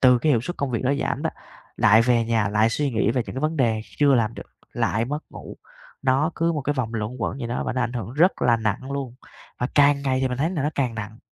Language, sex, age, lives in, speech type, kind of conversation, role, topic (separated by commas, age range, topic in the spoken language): Vietnamese, male, 20-24, Vietnam, conversation, advice, user, Bạn bị mất ngủ và lo lắng vào ban đêm vì công việc như thế nào?